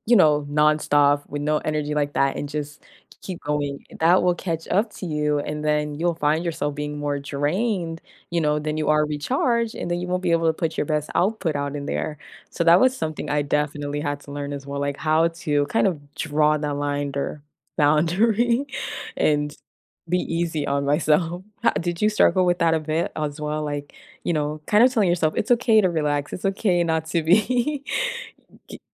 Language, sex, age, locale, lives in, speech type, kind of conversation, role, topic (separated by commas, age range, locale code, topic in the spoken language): English, female, 25-29, en-US, United States, conversation, unstructured, none, How do I spot when my priorities need to shift?